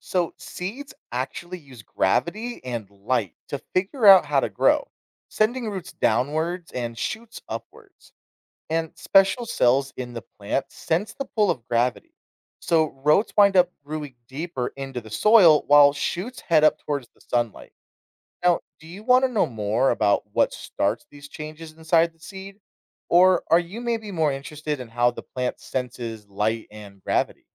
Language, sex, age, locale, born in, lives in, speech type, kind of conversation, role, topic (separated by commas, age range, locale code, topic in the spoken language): English, male, 25-29, en-US, United States, United States, read, expert-assistant, expert, What factors affect how seeds sprout and grow into plants?